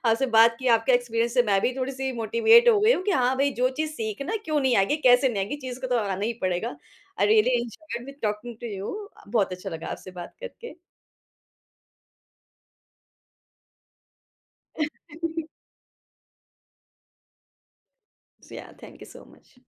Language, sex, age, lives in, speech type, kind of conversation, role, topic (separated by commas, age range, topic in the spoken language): Hindi, female, 35-39, India, conversation, podcast, host, Kaunsi chhoti si jeet tumhe sabse zyada confidence deti hai?